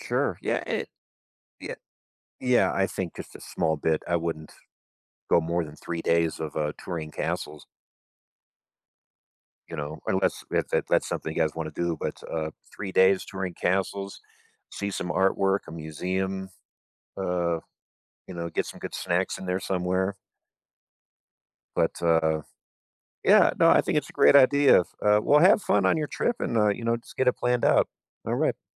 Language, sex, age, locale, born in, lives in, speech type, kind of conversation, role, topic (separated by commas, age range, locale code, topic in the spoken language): English, male, 45-49, en-US, United States, United States, conversation, advice, advisor, How do I plan a one-week vacation that balances relaxation and activities and works for solo or group travel?